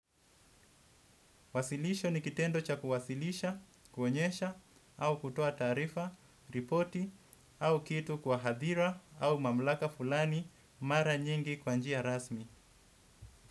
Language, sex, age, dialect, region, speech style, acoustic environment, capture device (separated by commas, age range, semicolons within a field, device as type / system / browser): Swahili, male, 25-34, Kenya - Mombasa, Kenya, happy; focused, silence; nature, desktop / Windows / Edge